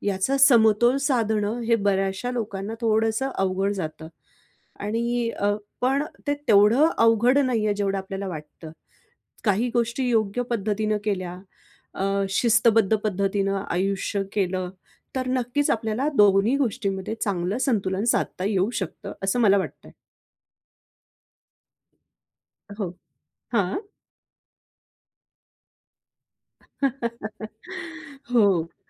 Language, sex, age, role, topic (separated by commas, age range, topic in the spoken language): Marathi, female, 45-49, guest, करिअर आणि कुटुंब यांच्यात संतुलन तुम्ही कसे साधता?